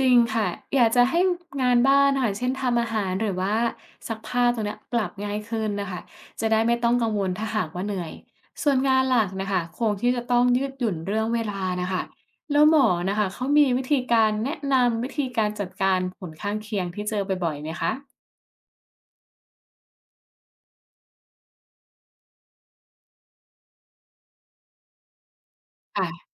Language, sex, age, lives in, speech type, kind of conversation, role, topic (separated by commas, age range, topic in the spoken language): Thai, female, 25-29, Thailand, read, expert-assistant, asker, เวลาหมอบอกว่ามีหลายวิธีรักษา ฉันควรเลือกแบบไหนให้เหมาะกับตัวเอง